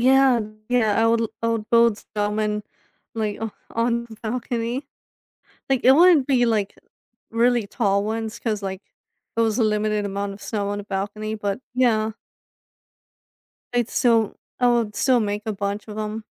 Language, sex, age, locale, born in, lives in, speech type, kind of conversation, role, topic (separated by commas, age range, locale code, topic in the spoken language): English, female, 25-29, en-US, United States, United States, conversation, advice, user, How can I reconnect with the feelings and memories of a favorite place from my past?